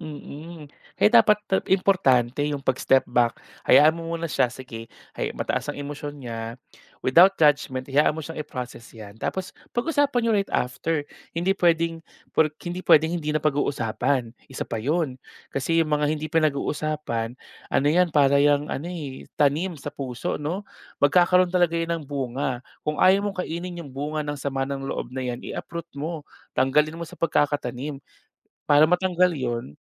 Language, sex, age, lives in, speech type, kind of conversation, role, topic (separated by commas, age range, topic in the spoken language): Filipino, male, 30-34, Philippines, conversation, podcast, guest, Anong pelikula ang nagpaalala sa iyo ng halaga ng pamilya?